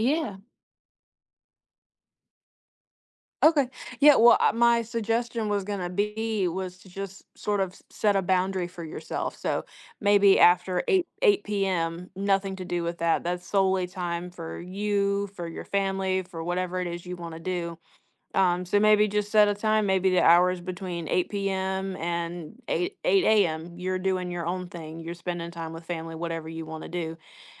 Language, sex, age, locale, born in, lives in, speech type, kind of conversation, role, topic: English, female, 20-24, en-US, United States, United States, conversation, advice, advisor, How can I balance my work and personal life without feeling overwhelmed?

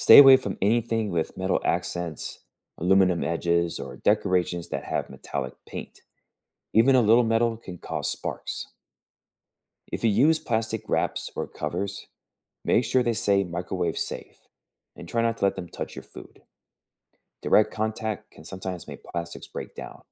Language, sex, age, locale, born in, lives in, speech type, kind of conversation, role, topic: English, male, 30-34, en-US, United States, United States, read, expert-assistant, expert, How does a microwave oven heat food?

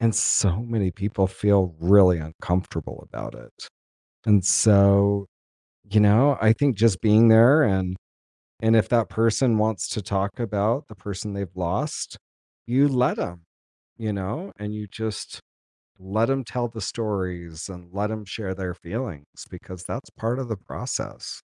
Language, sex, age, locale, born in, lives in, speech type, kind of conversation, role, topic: English, male, 50-54, en-US, United States, United States, conversation, unstructured, none, How can friends best support someone who is grieving?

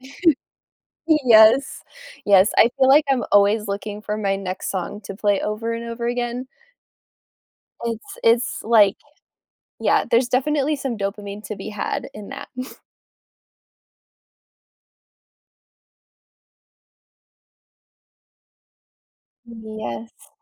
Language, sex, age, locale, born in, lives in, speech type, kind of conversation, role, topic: English, other, 25-29, en-US, United States, United States, conversation, unstructured, none, What factors influence whether you choose music or podcasts for different moments in your day?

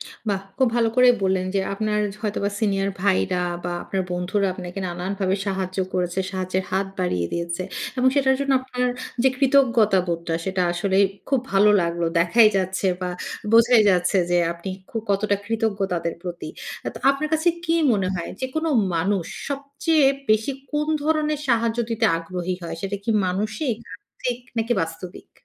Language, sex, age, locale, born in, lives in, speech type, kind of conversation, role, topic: Bengali, female, 35-39, bn-BD, Bangladesh, Finland, conversation, podcast, host, সংকটের সময় মানুষ কীভাবে একে-অপরের পাশে দাঁড়ায়—এ নিয়ে আপনার পর্যবেক্ষণ কী?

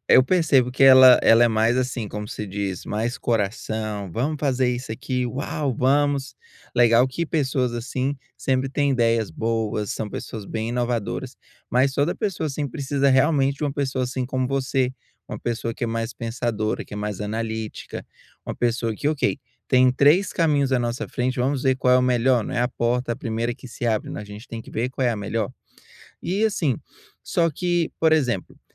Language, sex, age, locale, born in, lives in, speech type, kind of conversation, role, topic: Portuguese, male, 30-34, pt-BR, Brazil, United States, conversation, advice, advisor, Por que eu travo na hora de tomar decisões importantes?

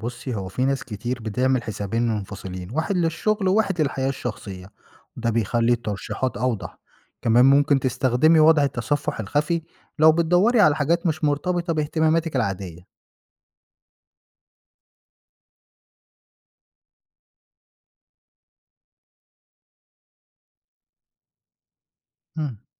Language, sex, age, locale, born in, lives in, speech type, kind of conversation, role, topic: Arabic, male, 25-29, ar-EG, Egypt, Egypt, read, expert-assistant, expert, لما بلاقي إن الفيديوهات اللي بتظهرلي على يوتيوب مش بتعبر عن اهتماماتي زي الأول، إيه الأسباب اللي ممكن تخلّي نظام التوصية يختل؟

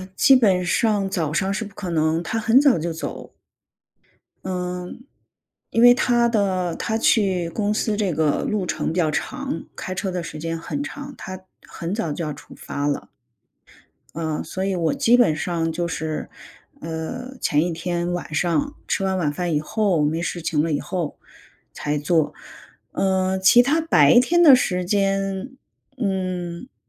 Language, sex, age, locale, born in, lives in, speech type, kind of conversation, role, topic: Chinese, female, 45-49, zh-CN, China, United States, conversation, advice, user, 想减肥又担心节食伤身体，我该怎么办？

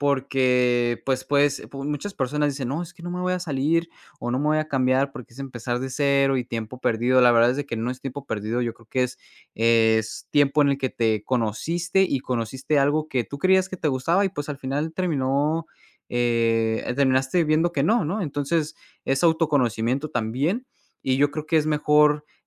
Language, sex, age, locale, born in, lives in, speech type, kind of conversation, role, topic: Spanish, male, 20-24, es-MX, Mexico, United States, conversation, podcast, guest, ¿Qué te ayudó a decidir cambiar de carrera?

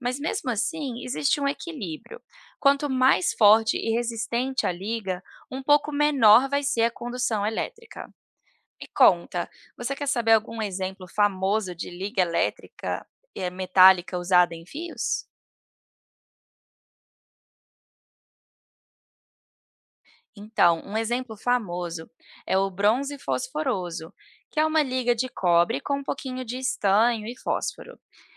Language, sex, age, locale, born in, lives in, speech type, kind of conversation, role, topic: Portuguese, female, 30-34, pt-BR, Brazil, United States, read, expert-assistant, expert, Por que os metais conduzem eletricidade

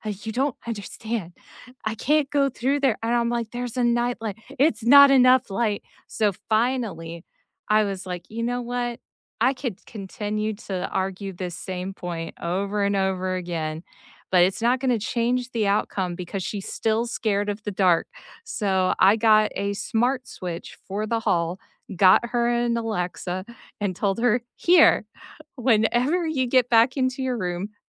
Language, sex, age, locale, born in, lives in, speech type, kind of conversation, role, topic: English, female, 35-39, en-US, United States, United States, conversation, unstructured, none, How can disagreements sometimes lead to better understanding?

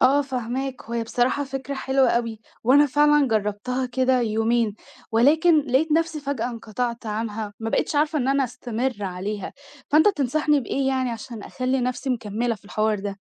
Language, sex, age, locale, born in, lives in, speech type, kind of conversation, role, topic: Arabic, female, 18-19, ar-EG, Egypt, Egypt, conversation, advice, user, ازاي أتغلب على الأرق وصعوبة النوم بالليل بسبب التفكير المستمر؟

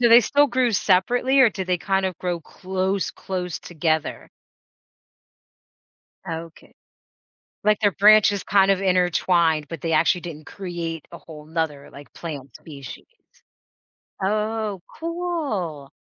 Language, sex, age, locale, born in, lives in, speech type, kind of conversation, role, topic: English, female, 40-44, en-US, United States, United States, conversation, podcast, host, How has your hobby changed the way you see things or approach life?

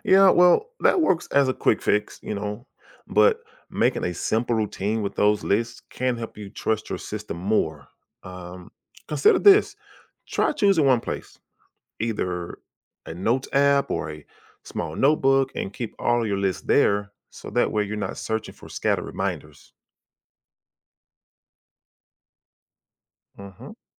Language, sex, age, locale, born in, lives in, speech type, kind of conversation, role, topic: English, male, 40-44, en-US, United States, United States, read, expert-assistant, expert, What are the advantages of being organized?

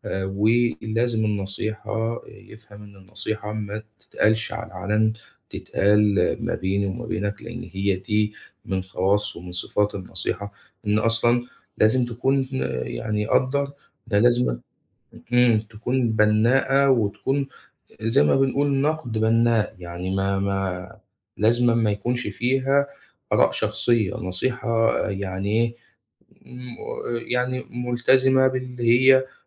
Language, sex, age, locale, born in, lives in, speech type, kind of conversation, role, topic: Arabic, male, 25-29, ar-EG, Egypt, Egypt, conversation, advice, advisor, إزاي أحط حدود صحية مع الناس اللي بيدّوني نصايح من غير ما أطلبها؟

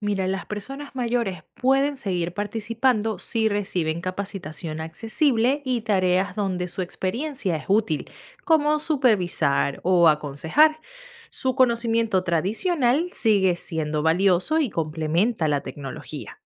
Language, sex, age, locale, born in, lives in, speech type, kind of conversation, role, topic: Spanish, female, 25-29, es-VE, Venezuela, United States, read, expert-assistant, expert, ¿Qué crees que pasaría si toda la agricultura fuera manejada por robots inteligentes en el futuro?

